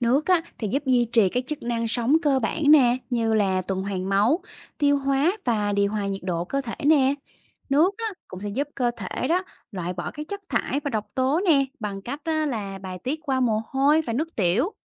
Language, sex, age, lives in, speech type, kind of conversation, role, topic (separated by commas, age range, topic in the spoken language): Vietnamese, female, 30-34, Vietnam, read, expert-assistant, expert, Tại sao chúng ta cần uống nước mỗi ngày?